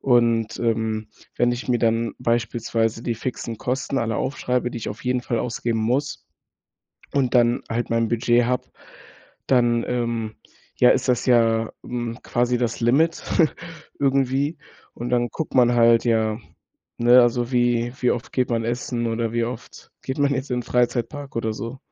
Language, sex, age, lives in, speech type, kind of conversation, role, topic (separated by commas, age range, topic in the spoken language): German, male, 18-19, Germany, conversation, advice, user, Wie kann ich mein Budget sinnvoll zwischen Freizeit und Sparen aufteilen?